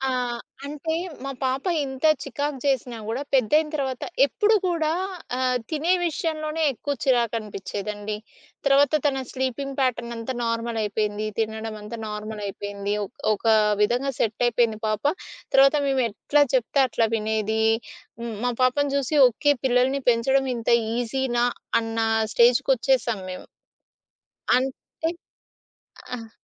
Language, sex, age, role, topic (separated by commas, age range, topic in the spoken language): Telugu, female, 30-34, guest, పిల్లలు ఉన్నప్పుడు మీ విశ్రాంతి ఎలా మారుతుంది?